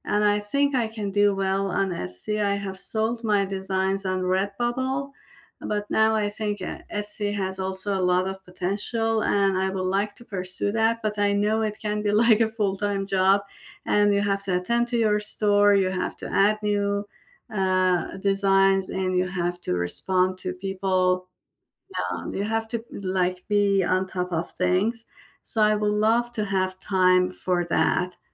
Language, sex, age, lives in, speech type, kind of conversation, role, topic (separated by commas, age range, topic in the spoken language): English, female, 45-49, United States, conversation, unstructured, none, What could you cut or simplify in your personal life to make space for what you most want to pursue next?